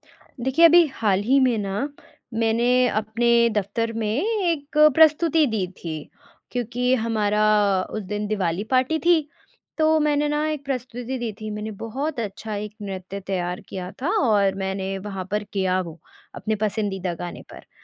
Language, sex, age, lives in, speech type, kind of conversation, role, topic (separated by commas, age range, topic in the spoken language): Hindi, female, 20-24, India, conversation, advice, user, सार्वजनिक प्रस्तुति के बाद मिली कड़ी आलोचना से मुझे इतनी शर्म क्यों महसूस हो रही है?